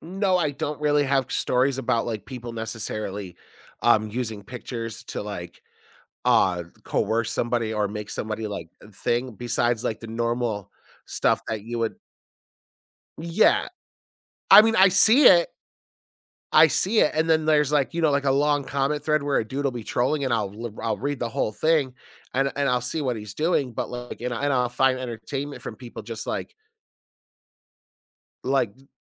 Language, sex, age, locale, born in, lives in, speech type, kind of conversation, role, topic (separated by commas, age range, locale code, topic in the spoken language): English, male, 35-39, en-US, United States, United States, conversation, podcast, guest, What are some effective ways people cope with online harassment and its impact?